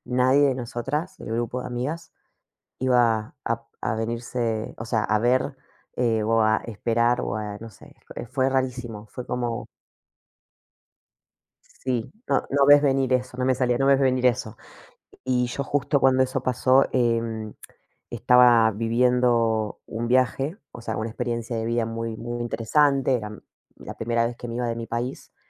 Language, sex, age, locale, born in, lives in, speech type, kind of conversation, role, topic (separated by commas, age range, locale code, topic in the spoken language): Spanish, female, 35-39, es-AR, Argentina, Portugal, conversation, unstructured, none, ¿Crees que la muerte cambia la forma en que valoramos la vida?